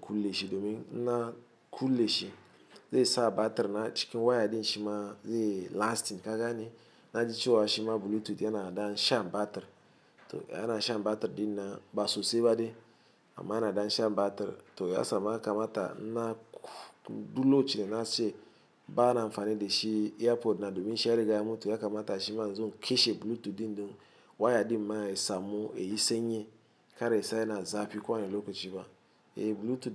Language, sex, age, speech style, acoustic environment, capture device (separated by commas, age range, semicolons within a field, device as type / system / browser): Hausa, male, 35-44, happy, traffic, mobile / Linux / Chrome